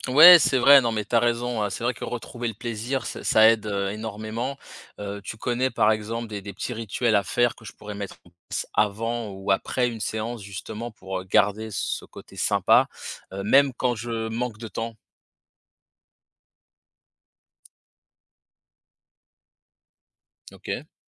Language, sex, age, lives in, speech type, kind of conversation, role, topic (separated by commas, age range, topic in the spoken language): French, male, 35-39, France, read, expert-assistant, asker, Quels systèmes simples permettent de garder le sport comme une habitude, même quand la vie devient compliquée ?